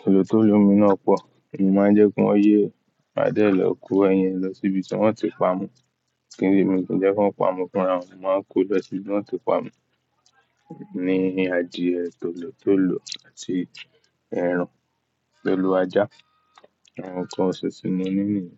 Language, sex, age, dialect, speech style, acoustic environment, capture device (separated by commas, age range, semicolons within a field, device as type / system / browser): Yoruba, male, 18-24, Nigeria - Ibadan, happy, nature, mobile / Linux / Chrome